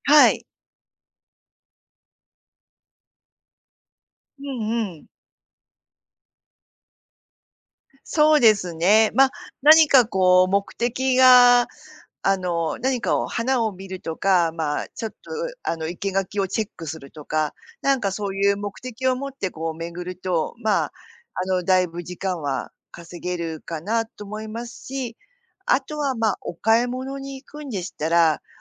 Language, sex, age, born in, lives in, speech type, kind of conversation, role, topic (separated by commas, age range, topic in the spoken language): Japanese, female, 50-54, Japan, Japan, conversation, advice, advisor, 家族や仕事と運動を無理なく両立するにはどうすればよいですか？